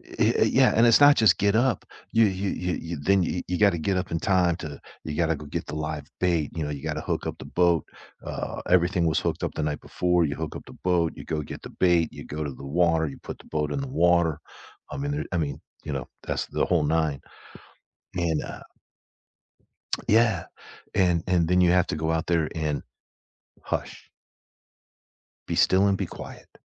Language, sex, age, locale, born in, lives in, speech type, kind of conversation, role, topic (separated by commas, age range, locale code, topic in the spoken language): English, male, 55-59, en-US, United States, United States, conversation, unstructured, none, What’s a hobby that really shows who you are?